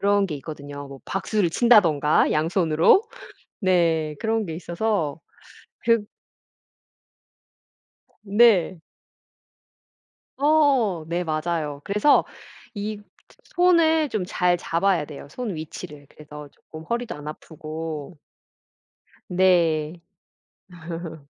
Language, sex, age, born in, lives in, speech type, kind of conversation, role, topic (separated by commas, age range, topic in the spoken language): Korean, female, 45-49, South Korea, United States, conversation, podcast, guest, 운동을 꾸준히 할 수 있었던 비결은 무엇인가요?